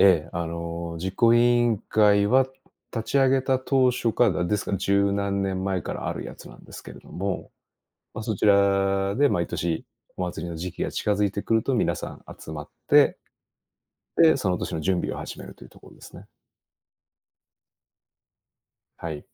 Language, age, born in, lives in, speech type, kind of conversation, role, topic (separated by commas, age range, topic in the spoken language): Japanese, 45-49, Japan, Japan, conversation, unstructured, none, お祭りに参加したことはありますか？どんな思い出がありますか？